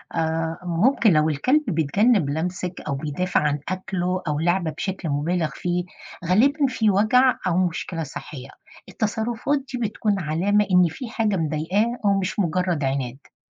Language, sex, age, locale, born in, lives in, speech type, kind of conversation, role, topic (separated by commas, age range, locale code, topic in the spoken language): Arabic, female, 50-54, ar-EG, Egypt, United States, read, expert-assistant, expert, ليه كلبي بقى عنيد فجأة وازاي أرجعه زي الأول؟